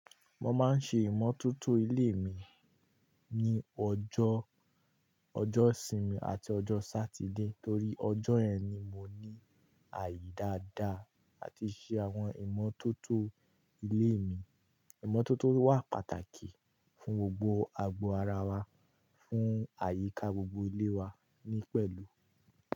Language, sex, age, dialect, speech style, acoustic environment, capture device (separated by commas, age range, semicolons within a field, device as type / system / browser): Yoruba, male, 18-24, Nigeria - Kano, happy, nature, mobile / Linux / Chrome